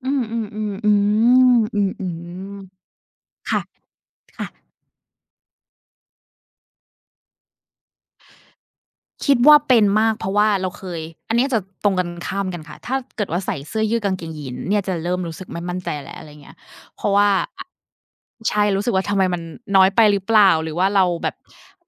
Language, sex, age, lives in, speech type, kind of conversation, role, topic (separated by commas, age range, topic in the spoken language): Thai, female, 30-34, Thailand, conversation, unstructured, none, เสื้อผ้าที่คุณใส่บอกอะไรเกี่ยวกับตัวคุณบ้าง?